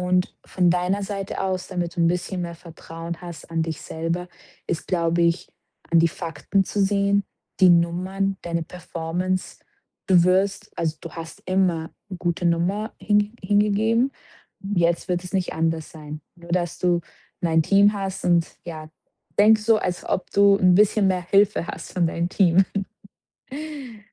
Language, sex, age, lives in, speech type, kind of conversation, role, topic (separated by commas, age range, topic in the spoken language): German, female, 25-29, Portugal, conversation, advice, advisor, Wie beschreibst du deine neue Rolle als Teamleiterin oder Teamleiter?